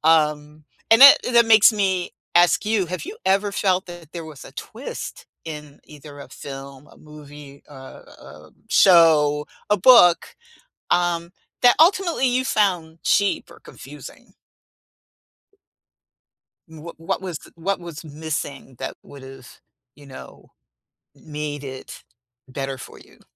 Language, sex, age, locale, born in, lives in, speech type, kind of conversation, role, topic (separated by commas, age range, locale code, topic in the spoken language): English, female, 65-69, en-US, United States, United States, conversation, unstructured, none, What plot twists have you experienced that you didn’t see coming, without spoilers?